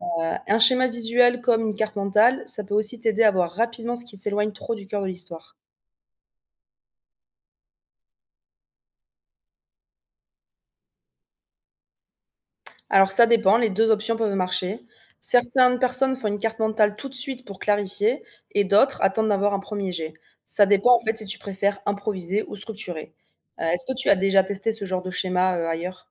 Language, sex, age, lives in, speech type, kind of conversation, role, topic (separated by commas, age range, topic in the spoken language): French, female, 25-29, France, read, expert-assistant, expert, Quelles étapes clés pour organiser l’écriture d’une histoire originale ?